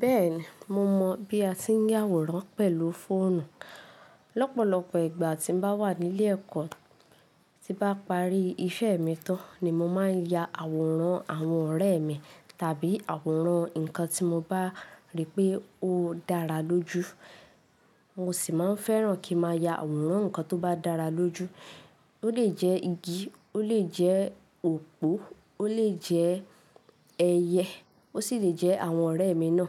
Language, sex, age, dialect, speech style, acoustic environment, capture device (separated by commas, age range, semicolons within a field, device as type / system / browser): Yoruba, female, 18-24, Nigeria - Ibadan, focused, silence, mobile / Linux / Chrome